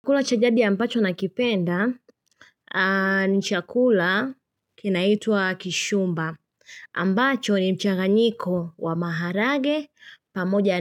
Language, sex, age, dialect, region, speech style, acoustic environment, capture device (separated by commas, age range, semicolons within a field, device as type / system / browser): Swahili, female, 25-34, Tanzania - Dar es Salaam, Tanzania, relaxed; happy; focused; excited, silence; nature, mobile / Linux / Chrome